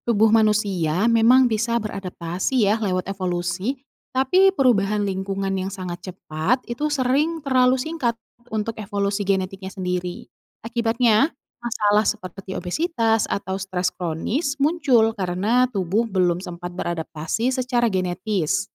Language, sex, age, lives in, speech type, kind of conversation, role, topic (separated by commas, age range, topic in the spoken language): Indonesian, female, 30-34, Indonesia, read, expert-assistant, expert, Bagaimana Evolusi Mempengaruhi Daya Tahan Tubuh dan Adaptasi Manusia Modern?